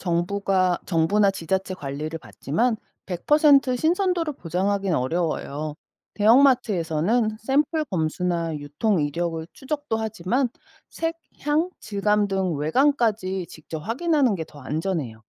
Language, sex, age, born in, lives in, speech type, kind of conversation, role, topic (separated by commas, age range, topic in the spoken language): Korean, female, 45-49, South Korea, United States, read, expert-assistant, expert, 유기농 식품과 일반 식품, 실제 차이가 있는지 누가 어떻게 확인하나요?